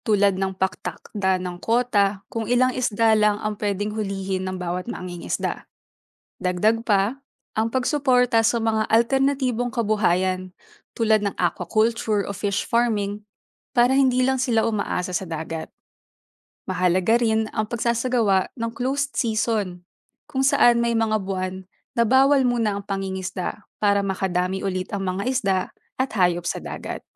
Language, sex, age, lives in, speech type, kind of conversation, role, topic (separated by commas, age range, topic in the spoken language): Filipino, female, 25-29, Philippines, read, expert-assistant, expert, Ano ang epekto ng sobrang pangingisda sa dagat?